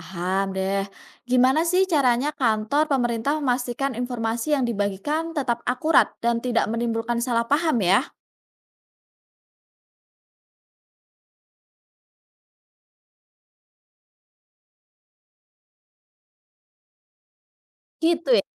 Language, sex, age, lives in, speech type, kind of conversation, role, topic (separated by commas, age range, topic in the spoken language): Indonesian, female, 30-34, Indonesia, read, expert-assistant, asker, Apa pendekatan paling efektif agar pelayanan publik di kantor pemerintah terasa lebih ramah dan mudah diakses masyarakat?